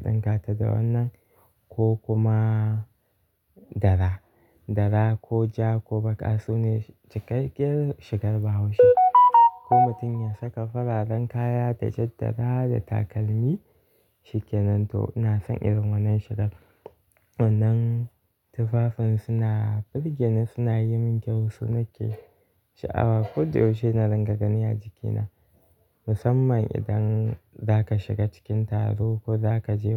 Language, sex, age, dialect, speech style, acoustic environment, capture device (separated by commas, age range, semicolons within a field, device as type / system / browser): Hausa, male, 18-24, Nigeria - Kano, happy; relaxed; focused, nature; silence, mobile / Linux / Chrome